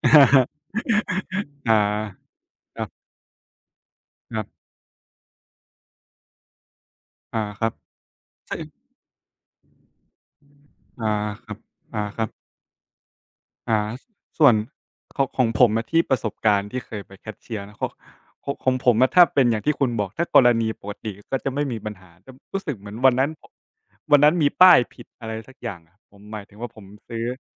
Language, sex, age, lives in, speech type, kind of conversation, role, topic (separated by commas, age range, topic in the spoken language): Thai, male, 25-29, Thailand, conversation, unstructured, none, คุณรู้สึกอย่างไรกับการที่หุ่นยนต์จะเข้ามาแทนที่งานของคน?